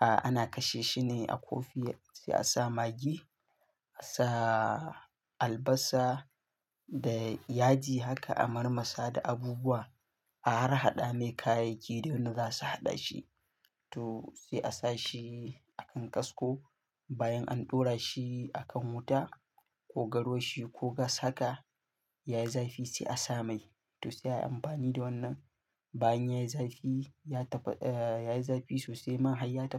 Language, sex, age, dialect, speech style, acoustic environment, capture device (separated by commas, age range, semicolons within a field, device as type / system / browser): Hausa, male, 18-24, Nigeria - Katsina, happy; focused; stressed; excited; nervous; relaxed; tired; anxious, silence; construction; traffic; nature; music; people talking; appliances, mobile / Linux / Chrome